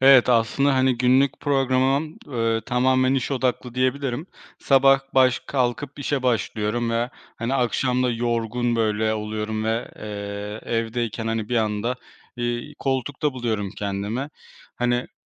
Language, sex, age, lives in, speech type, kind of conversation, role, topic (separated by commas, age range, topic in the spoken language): Turkish, male, 25-29, Poland, conversation, advice, user, Yeni bir şehre taşındıktan sonra yeni arkadaşlar edinmek ve bir rutin kurmakta hangi zorlukları yaşıyorsunuz?